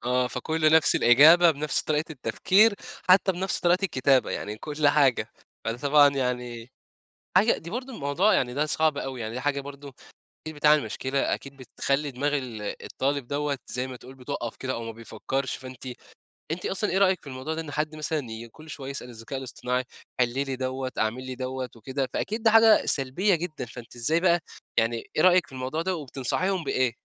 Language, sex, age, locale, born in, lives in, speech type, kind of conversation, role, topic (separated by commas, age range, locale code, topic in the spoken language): Arabic, male, 20-24, ar-EG, Egypt, Egypt, conversation, podcast, host, إيه النصايح اللي ممكن تديهالنا للمبتدئين؟